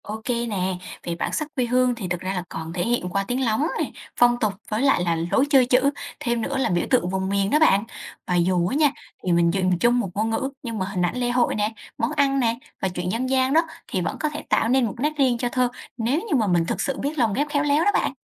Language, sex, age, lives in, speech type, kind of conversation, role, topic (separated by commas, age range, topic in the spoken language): Vietnamese, female, 20-24, Vietnam, read, expert-assistant, expert, Nếu một ngày nào đó tất cả mọi người trên thế giới đều nói cùng một ngôn ngữ, điều gì sẽ xảy ra với đa dạng văn hóa và bản sắc dân tộc?